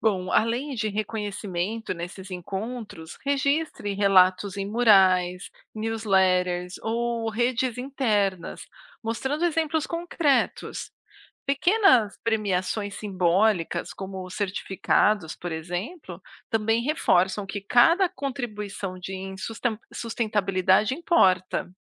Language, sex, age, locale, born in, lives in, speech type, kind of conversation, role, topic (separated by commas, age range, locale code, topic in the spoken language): Portuguese, female, 40-44, pt-BR, Brazil, United States, read, expert-assistant, expert, Quais são as habilidades essenciais para quem quer se aprofundar em ecologia, e como posso desenvolvê-las no dia a dia?